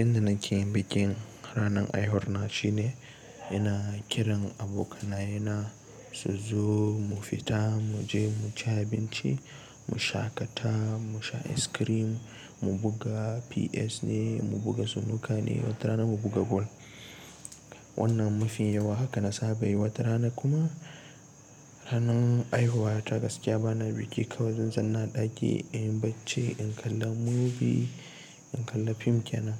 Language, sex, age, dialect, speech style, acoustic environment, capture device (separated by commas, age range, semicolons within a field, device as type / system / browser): Hausa, male, 18-24, Nigeria - Nigerian Standard, relaxed, appliances; nature, desktop / Linux / Chrome